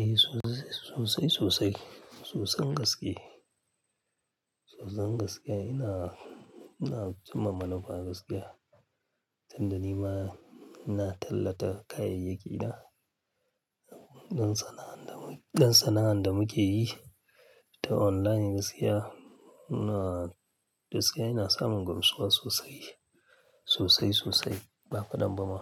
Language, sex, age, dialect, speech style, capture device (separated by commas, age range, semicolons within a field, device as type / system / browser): Hausa, male, 35-44, Nigeria - Kano, happy, mobile / Linux / Chrome